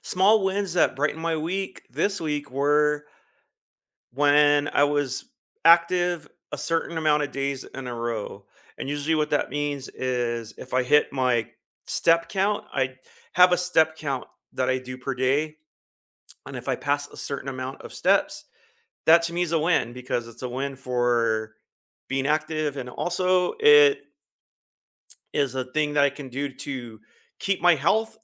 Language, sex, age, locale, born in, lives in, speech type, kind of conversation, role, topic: English, male, 50-54, en-US, United States, United States, conversation, unstructured, none, What small wins brightened your week, and how can we cheer each other on?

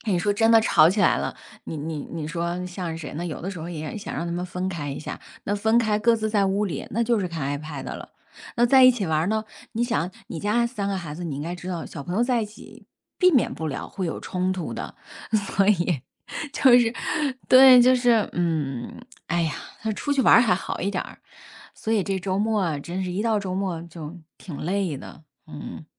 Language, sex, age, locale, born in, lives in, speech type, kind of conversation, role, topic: Chinese, female, 40-44, zh-CN, China, United States, conversation, unstructured, none, 你周末最喜欢做什么活动？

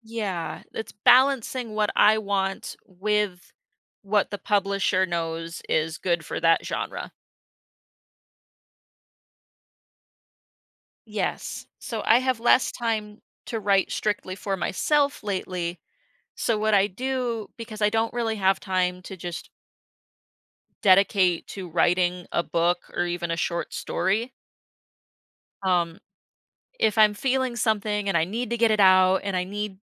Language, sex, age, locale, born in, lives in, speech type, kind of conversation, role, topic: English, female, 25-29, en-US, United States, United States, conversation, podcast, guest, How do your feelings shape the way you create and express yourself?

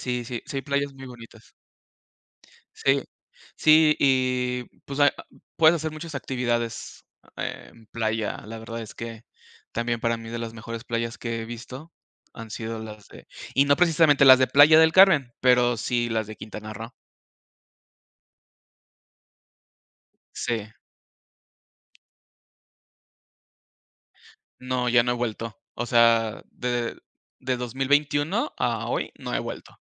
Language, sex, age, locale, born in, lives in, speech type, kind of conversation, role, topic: Spanish, male, 35-39, es-MX, Mexico, Mexico, conversation, unstructured, none, ¿Cómo pasas tiempo con tus amigos?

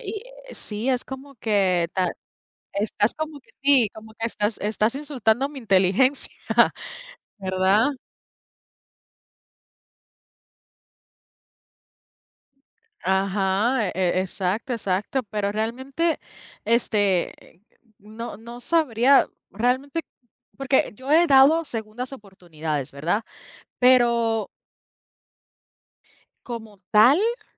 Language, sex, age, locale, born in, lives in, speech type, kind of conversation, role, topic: Spanish, female, 30-34, es-US, Puerto Rico, United States, conversation, unstructured, none, ¿Crees que todas las personas merecen una segunda oportunidad?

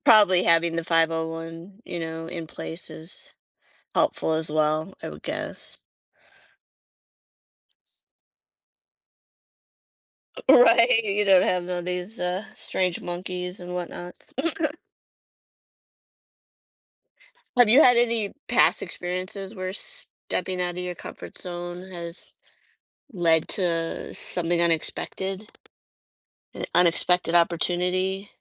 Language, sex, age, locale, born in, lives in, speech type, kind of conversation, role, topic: English, female, 50-54, en-US, United States, United States, conversation, podcast, host, How do you approach goals that are both exciting and intimidating?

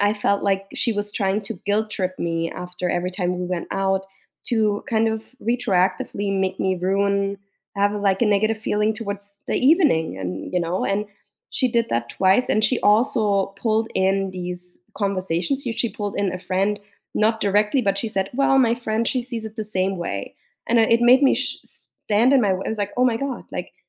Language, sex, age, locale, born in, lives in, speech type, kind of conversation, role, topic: English, female, 35-39, en-DE, Germany, United States, conversation, unstructured, none, What is the best way to handle a disagreement with a close friend?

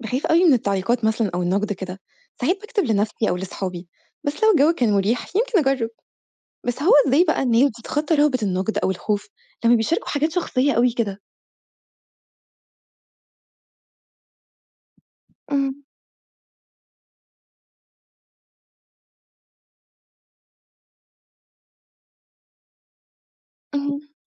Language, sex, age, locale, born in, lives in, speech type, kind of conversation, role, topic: Arabic, female, 25-29, ar-EG, Egypt, Egypt, read, expert-assistant, asker, إيه الطرق الفعّالة اللي تخلّي الناس تحب الشعر وتفهمه بسهولة في زمن السوشيال ميديا؟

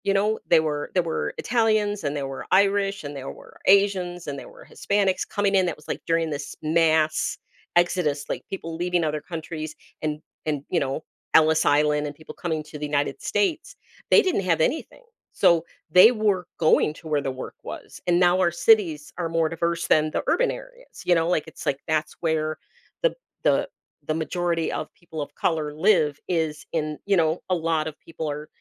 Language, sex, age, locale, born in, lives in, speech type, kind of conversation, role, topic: English, female, 55-59, en-US, United States, United States, conversation, podcast, host, Why do certain moments in history capture our imagination more than others?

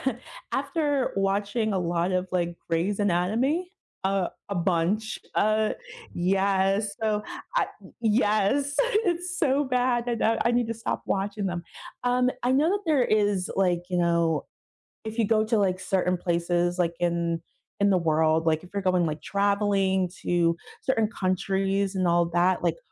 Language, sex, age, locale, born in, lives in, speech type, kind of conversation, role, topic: English, female, 35-39, en-US, United States, United States, conversation, unstructured, none, What should I do to make the food I eat safer?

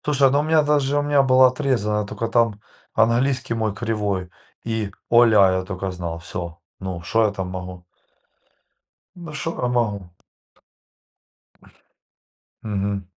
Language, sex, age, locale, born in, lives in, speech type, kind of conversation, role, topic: Russian, male, 40-44, ru-RU, Ukraine, Spain, conversation, unstructured, none, Какое у вас самое яркое воспоминание о встрече с дикой природой?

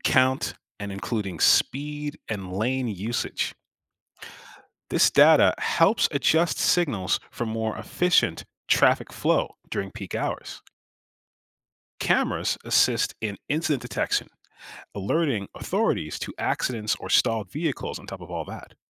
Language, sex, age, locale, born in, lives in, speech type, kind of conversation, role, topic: English, male, 35-39, en-US, United States, United States, read, expert-assistant, expert, How do traffic signals communicate with each other?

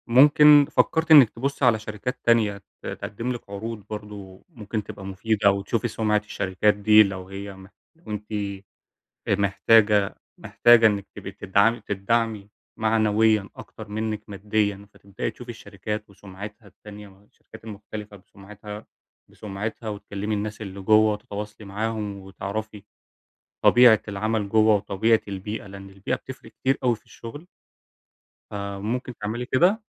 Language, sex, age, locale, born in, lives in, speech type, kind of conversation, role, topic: Arabic, male, 20-24, ar-EG, Egypt, Germany, conversation, advice, advisor, إزاي أبني مصداقيتي وآخد تقدير مهني جوه الشركة بشكل واضح وفعّال؟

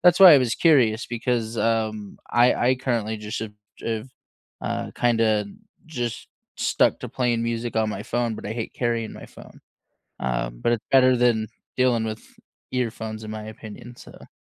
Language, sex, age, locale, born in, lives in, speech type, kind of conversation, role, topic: English, male, 25-29, en-US, United States, United States, conversation, unstructured, none, How do your morning habits shape your energy and set the tone for your day?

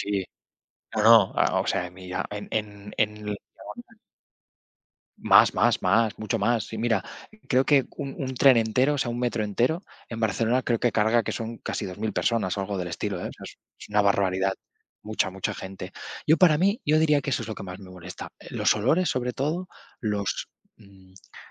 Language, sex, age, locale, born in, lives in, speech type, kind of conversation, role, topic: Spanish, male, 25-29, es-ES, Spain, Spain, conversation, unstructured, none, ¿Qué es lo que más te molesta al usar el transporte público?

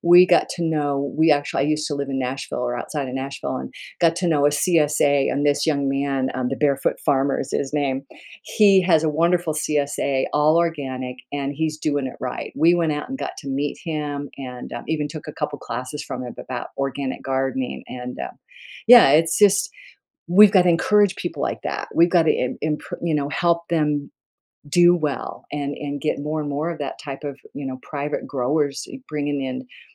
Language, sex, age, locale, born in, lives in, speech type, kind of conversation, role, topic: English, female, 70-74, en-US, United States, United States, conversation, podcast, guest, How do you find a fitness routine that truly works for you?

